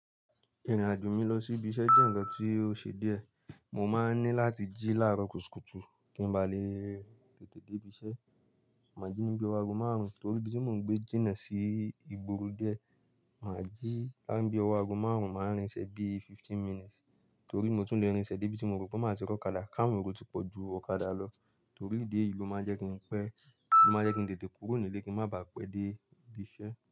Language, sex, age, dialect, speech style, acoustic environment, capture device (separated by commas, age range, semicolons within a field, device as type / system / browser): Yoruba, male, 25-34, Nigeria - Nigerian Standard, relaxed; focused, silence, mobile / Linux / Chrome